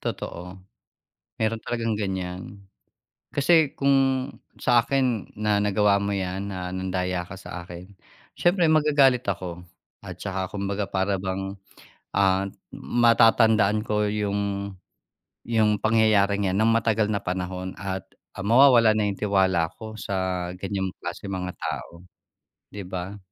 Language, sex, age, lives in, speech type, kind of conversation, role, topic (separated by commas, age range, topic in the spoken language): Filipino, male, 45-49, Philippines, conversation, unstructured, none, Ano ang nararamdaman mo kapag may taong nandaraya sa paligid mo?